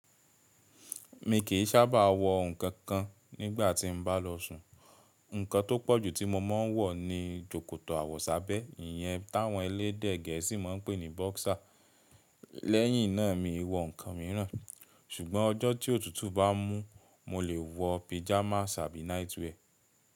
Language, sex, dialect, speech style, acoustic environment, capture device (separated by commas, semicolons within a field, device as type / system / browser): Yoruba, male, Nigeria - Nigerian Standard, relaxed, silence; nature; appliances, mobile / Linux / Chrome